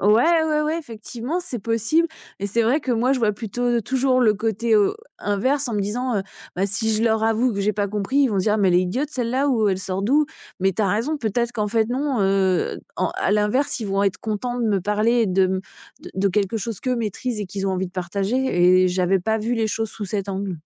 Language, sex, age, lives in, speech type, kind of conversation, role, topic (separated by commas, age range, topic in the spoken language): French, female, 45-49, France, conversation, advice, user, Comment votre peur du jugement se manifeste-t-elle lors d’événements sociaux ou de rencontres ?